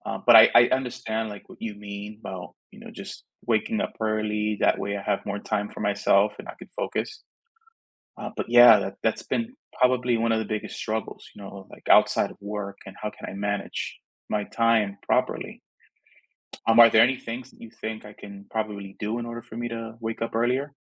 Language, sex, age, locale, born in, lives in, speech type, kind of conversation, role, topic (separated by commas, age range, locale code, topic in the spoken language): English, male, 30-34, en-US, United States, United States, conversation, advice, user, How can I balance work responsibilities while making steady progress on my personal goals?